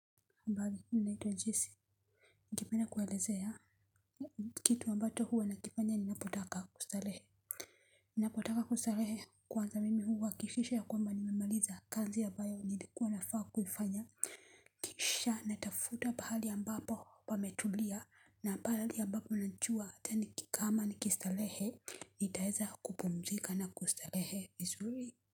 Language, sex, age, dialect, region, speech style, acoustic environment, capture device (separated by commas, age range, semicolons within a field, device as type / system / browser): Swahili, female, 35-44, Kenya - Nairobi Sheng-influenced, Kenya, focused, silence, mobile / Linux / Chrome